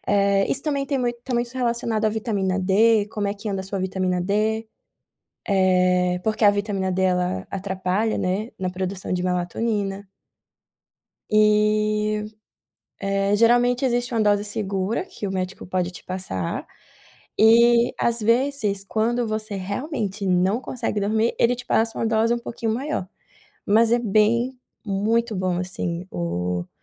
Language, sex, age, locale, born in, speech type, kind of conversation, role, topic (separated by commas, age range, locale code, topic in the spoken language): Portuguese, female, 25-29, pt-BR, Brazil, conversation, advice, advisor, Quais práticas breves posso fazer para encerrar o dia com calma?